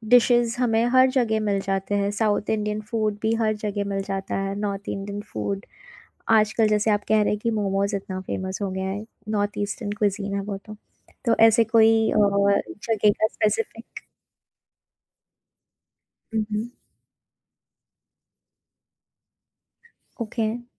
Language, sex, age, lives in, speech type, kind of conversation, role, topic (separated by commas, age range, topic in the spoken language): Hindi, female, 30-34, India, conversation, podcast, host, Comfort food se tumhara jazbaati rishta kaisa hai?